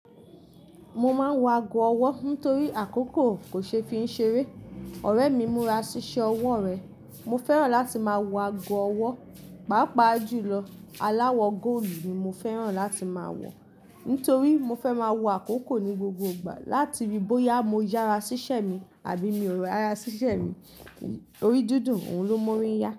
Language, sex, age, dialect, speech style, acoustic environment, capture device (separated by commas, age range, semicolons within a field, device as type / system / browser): Yoruba, female, 25-34, Nigeria - Ibadan, relaxed; focused, nature; appliances, mobile / Linux / Chrome